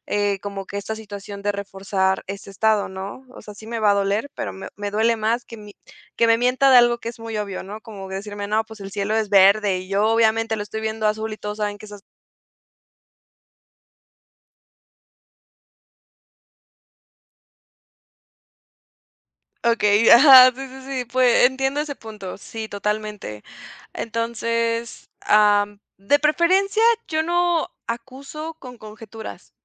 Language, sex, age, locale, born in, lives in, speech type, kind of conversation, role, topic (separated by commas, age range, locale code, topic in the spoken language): Spanish, female, 20-24, es-MX, Mexico, Mexico, conversation, podcast, guest, ¿Cómo puedes recuperar la confianza después de una promesa incumplida?